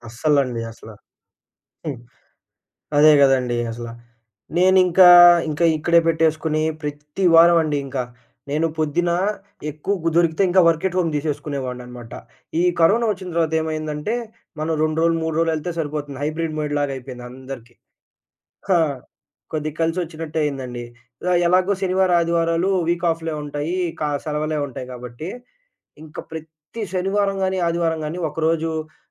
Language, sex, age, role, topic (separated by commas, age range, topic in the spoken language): Telugu, male, 20-24, guest, పని, కుటుంబం మధ్య సమతుల్యతను ఎలా నిలుపుకుంటారు?